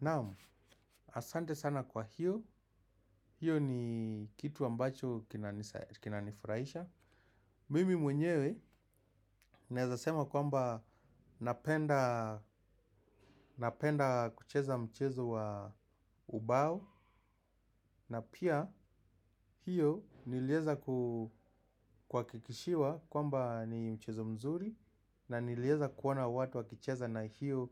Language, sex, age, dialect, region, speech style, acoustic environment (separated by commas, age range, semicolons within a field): Swahili, male, 25-34, Kenya - Nairobi Sheng-influenced, Kenya, happy, silence